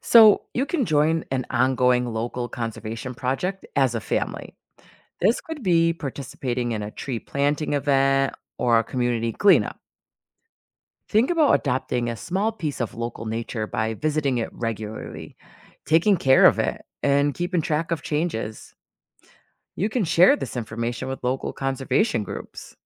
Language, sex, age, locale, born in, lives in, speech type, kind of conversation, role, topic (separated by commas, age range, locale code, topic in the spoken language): English, female, 40-44, en-US, United States, United States, read, expert-assistant, expert, How do ecosystems function and why are they important?